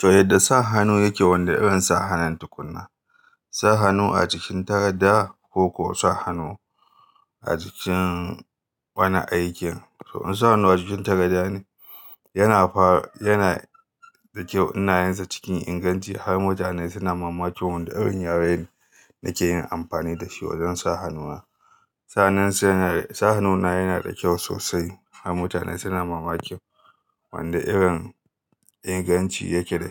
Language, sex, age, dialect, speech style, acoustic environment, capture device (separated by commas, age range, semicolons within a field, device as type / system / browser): Hausa, male, 35-44, Nigeria - Kano, happy, silence, mobile / Linux / Chrome